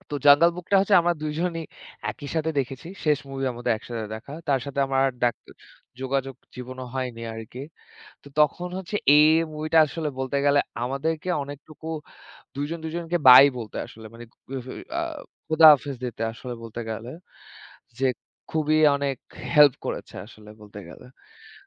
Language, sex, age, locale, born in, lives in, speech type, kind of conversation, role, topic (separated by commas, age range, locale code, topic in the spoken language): Bengali, male, 25-29, bn-BD, Bangladesh, Bangladesh, conversation, unstructured, none, সিনেমা বা নাটকে কষ্টদায়ক গল্প দেখলে আপনার মন কেমন হয়?